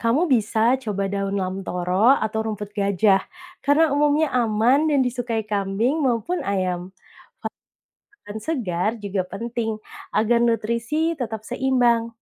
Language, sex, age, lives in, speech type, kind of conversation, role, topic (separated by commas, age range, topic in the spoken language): Indonesian, female, 30-34, Indonesia, read, expert-assistant, expert, Apa saja kebiasaan sehari-hari yang bisa membantu peternak merawat ternak dengan lebih efisien?